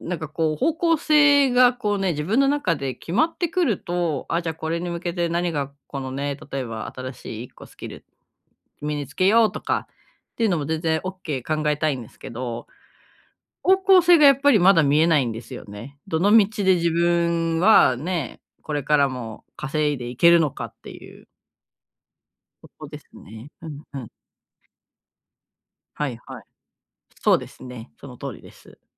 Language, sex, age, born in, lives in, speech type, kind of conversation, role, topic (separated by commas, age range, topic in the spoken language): Japanese, female, 35-39, Japan, Japan, conversation, advice, user, 将来への不安を計画に変える